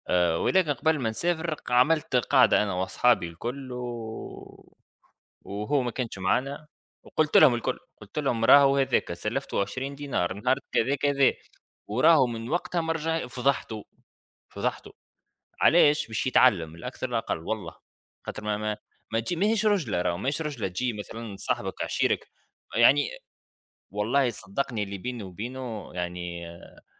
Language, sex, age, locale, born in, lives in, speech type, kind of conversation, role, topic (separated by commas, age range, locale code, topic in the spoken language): Arabic, male, 30-34, ar-TN, Tunisia, United States, conversation, unstructured, none, هل بتحس إن الفلوس ممكن تعمل مشاكل في العلاقات الشخصية؟